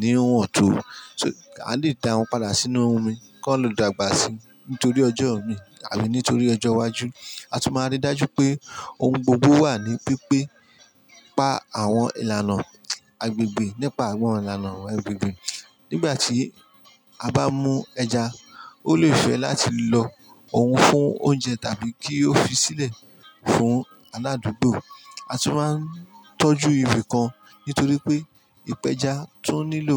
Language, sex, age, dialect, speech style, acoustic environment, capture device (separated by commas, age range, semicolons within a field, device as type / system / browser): Yoruba, male, 35-44, Nigeria - Ijebu, happy; focused; relaxed; excited, people talking; music; construction; traffic; appliances, mobile / Linux / Chrome